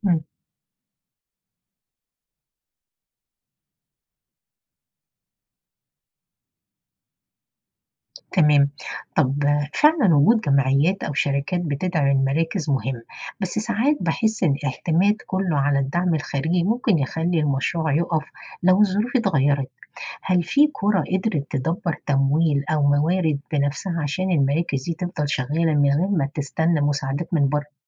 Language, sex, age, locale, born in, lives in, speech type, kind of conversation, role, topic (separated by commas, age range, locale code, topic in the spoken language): Arabic, female, 50-54, ar-EG, Egypt, United States, read, expert-assistant, asker, هل ممكن فعلاً يكون في عدل اقتصادي بين الريف والحضر، ولا دي فكرة مثالية؟